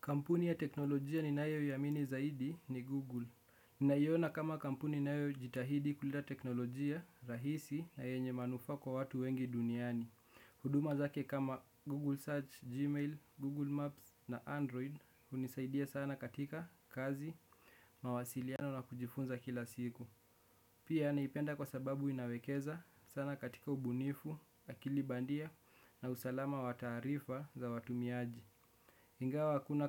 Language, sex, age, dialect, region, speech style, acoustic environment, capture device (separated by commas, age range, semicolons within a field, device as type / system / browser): Swahili, male, 18-24, Kenya - Nairobi Sheng-influenced, Kenya, relaxed, nature; construction, desktop / Windows / Chrome